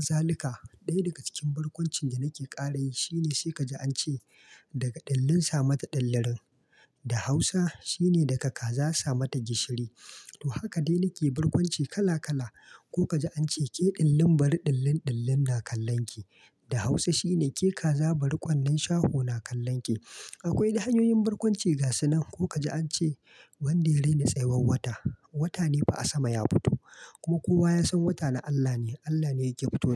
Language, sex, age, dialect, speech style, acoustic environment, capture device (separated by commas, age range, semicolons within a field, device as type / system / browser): Hausa, male, 25-34, Nigeria - Kano, happy; relaxed; focused; excited, silence, mobile / Linux / Chrome